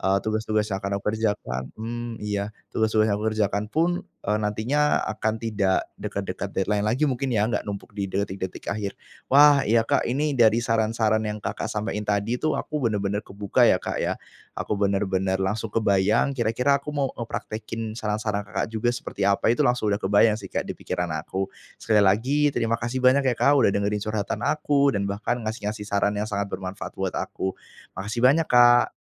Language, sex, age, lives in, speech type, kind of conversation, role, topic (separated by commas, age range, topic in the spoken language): Indonesian, male, 25-29, Indonesia, conversation, advice, user, Mengapa Anda selalu menunda tugas penting sampai menit terakhir?